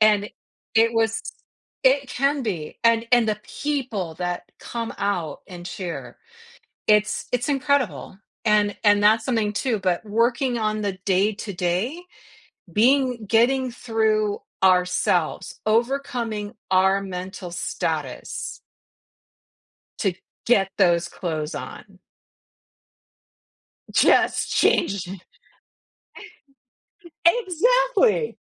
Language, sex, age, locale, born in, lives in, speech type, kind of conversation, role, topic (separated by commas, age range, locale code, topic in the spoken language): English, female, 55-59, en-US, United States, United States, conversation, podcast, guest, In what ways can regular physical activity improve our ability to cope with stress?